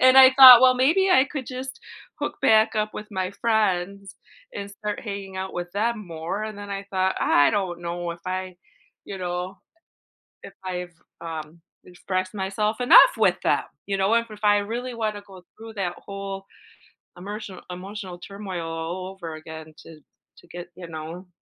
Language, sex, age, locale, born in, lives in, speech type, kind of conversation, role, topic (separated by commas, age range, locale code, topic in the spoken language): English, female, 45-49, en-US, United States, United States, conversation, advice, user, How can I express my emotions more openly?